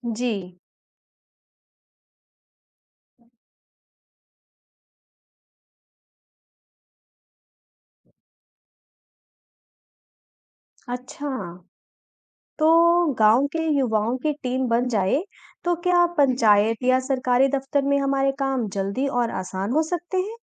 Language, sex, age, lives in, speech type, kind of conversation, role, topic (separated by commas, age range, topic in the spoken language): Hindi, female, 35-39, India, read, expert-assistant, asker, गांवों में स्वास्थ्य सेवाओं को बेहतर बनाने के लिए क्या किया जा सकता है?